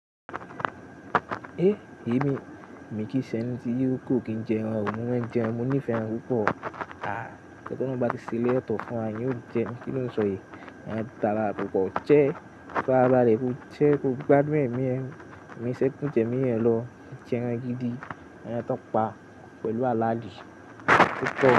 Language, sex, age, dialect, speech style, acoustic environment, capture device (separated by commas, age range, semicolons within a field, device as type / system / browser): Yoruba, male, 18-24, Nigeria - Ibadan, relaxed; excited, nature, mobile / Linux / Chrome